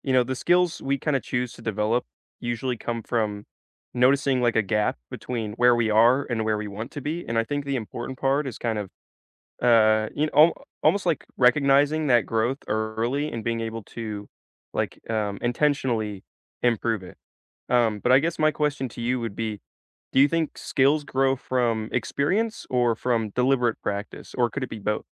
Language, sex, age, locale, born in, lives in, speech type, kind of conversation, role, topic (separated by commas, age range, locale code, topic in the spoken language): English, male, 18-19, en-US, United States, United States, conversation, unstructured, none, What work skill are you focusing on developing this year, and what inspired you to choose it?